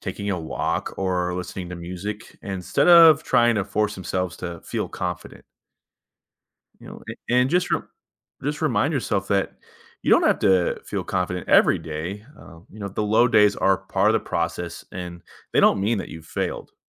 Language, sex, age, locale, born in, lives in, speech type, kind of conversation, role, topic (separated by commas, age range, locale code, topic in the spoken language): English, male, 30-34, en-US, United States, United States, read, expert-assistant, expert, What steps can you take to improve your self-confidence?